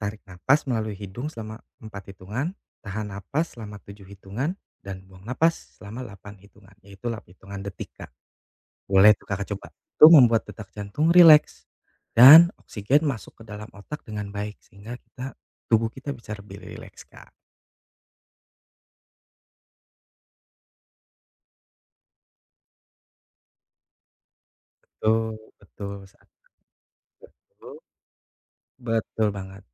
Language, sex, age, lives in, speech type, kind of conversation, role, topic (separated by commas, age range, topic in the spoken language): Indonesian, male, 35-39, Indonesia, conversation, advice, advisor, Mengapa saya sering terbangun berkali-kali pada malam hari karena cemas menjelang acara penting?